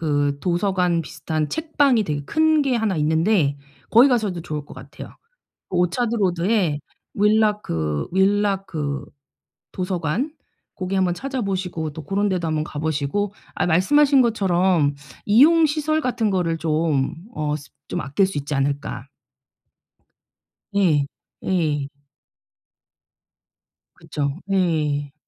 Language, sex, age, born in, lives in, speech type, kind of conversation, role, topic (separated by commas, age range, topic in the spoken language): Korean, female, 45-49, South Korea, South Korea, conversation, advice, advisor, 예산 안에서 즐거운 여행을 알뜰하게 계획하려면 어떻게 해야 하나요?